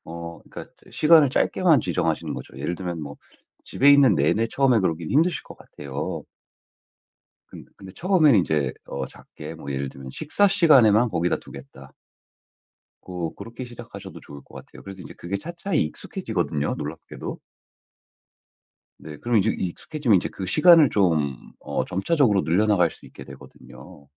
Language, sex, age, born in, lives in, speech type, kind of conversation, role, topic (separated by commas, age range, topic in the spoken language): Korean, male, 35-39, United States, United States, conversation, advice, advisor, 휴식할 때 디지털 방해를 어떻게 줄일 수 있을까요?